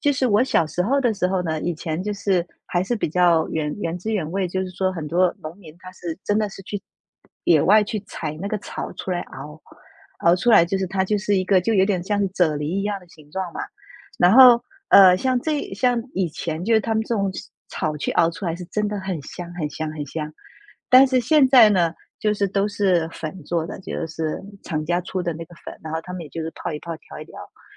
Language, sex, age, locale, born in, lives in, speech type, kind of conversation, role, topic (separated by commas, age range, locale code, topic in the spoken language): Chinese, female, 35-39, zh-TW, China, United States, conversation, unstructured, none, 在咖啡和茶之间，你更喜欢喝哪一种？